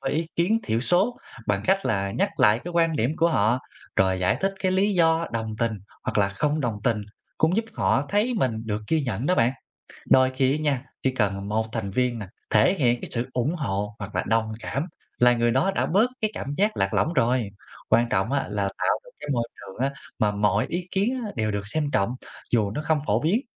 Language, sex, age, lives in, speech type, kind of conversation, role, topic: Vietnamese, male, 25-29, Vietnam, read, expert-assistant, expert, Làm sao để nhóm thảo luận tôn trọng và cởi mở với ý kiến khác biệt?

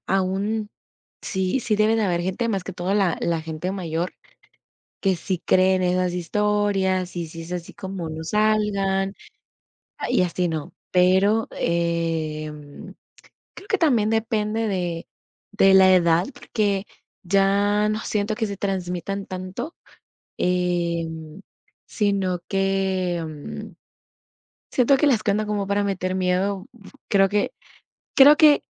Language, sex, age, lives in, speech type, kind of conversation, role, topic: Spanish, female, 18-19, United States, conversation, unstructured, none, ¿Qué mito o leyenda de tu cultura recuerdas bien?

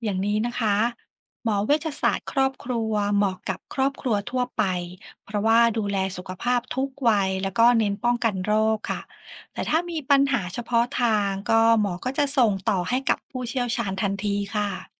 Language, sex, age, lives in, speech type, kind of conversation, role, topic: Thai, female, 40-44, Thailand, read, expert-assistant, expert, หมอเวชศาสตร์ครอบครัวสำคัญอย่างไรกับสุขภาพครอบครัวในปัจจุบัน?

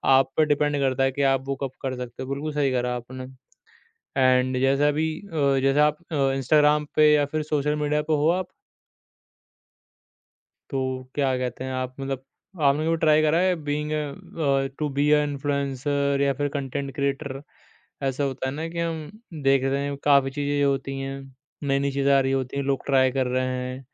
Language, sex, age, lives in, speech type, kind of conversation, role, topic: Hindi, male, 20-24, India, conversation, podcast, host, Trend follow karne ka stop point tum kaise decide karte ho?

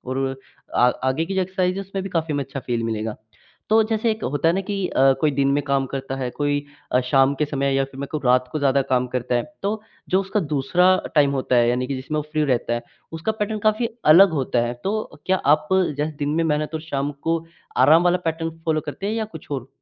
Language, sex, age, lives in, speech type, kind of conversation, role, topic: Hindi, male, 18-19, India, conversation, podcast, host, महत्वाकांक्षा और आराम के बीच आप संतुलन कैसे बनाते हैं?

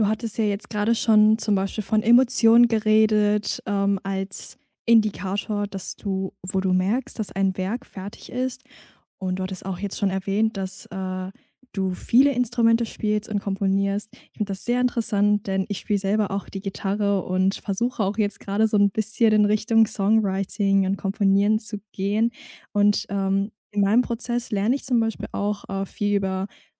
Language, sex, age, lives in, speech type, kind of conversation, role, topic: German, female, 20-24, Germany, conversation, podcast, host, Woran merkst du, dass ein Werk fertig ist?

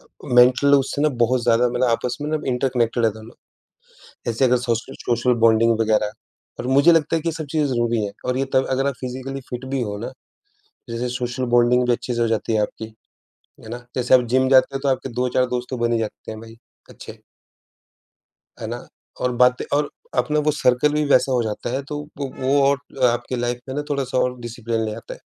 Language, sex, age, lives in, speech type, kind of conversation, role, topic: Hindi, male, 35-39, India, conversation, unstructured, none, क्या फिट रहने के लिए जिम जाना ज़रूरी है या घर पर रहकर भी फिट रहा जा सकता है?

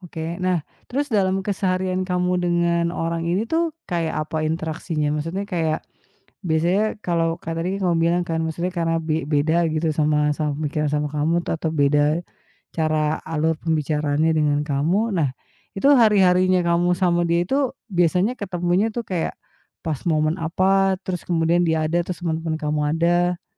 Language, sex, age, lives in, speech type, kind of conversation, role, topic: Indonesian, female, 35-39, Indonesia, conversation, podcast, host, Bagaimana cara menghadapi gosip yang merusak kepercayaan menurutmu?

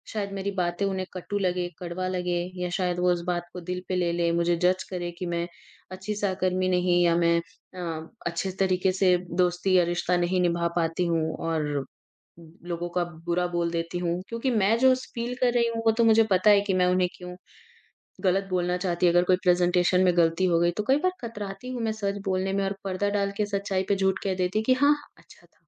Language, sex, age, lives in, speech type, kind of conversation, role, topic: Hindi, female, 20-24, India, conversation, advice, user, अपने सहकर्मी को ईमानदार फीडबैक देना आपको मुश्किल क्यों लगता है?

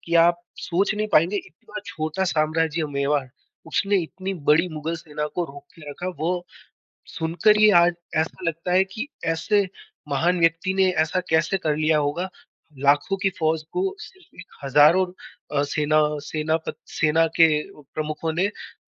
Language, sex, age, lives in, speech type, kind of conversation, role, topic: Hindi, female, 20-24, India, conversation, unstructured, none, इतिहास की कौन-सी कहानी तुम्हें सबसे ज़्यादा दिलचस्प लगती है?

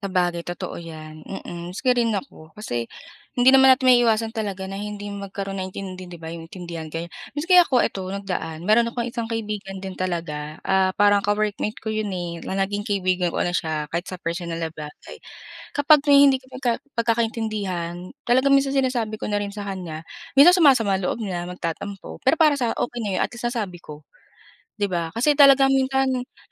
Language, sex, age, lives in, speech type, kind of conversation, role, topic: Filipino, female, 25-29, Philippines, conversation, unstructured, none, Paano mo nilulutas ang hindi pagkakaunawaan ninyo ng kaibigan mo?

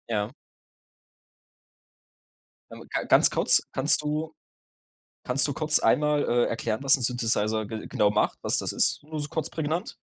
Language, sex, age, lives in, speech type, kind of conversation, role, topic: German, male, 20-24, Germany, conversation, podcast, host, Wie testest du, ob du ein Thema wirklich verstanden hast?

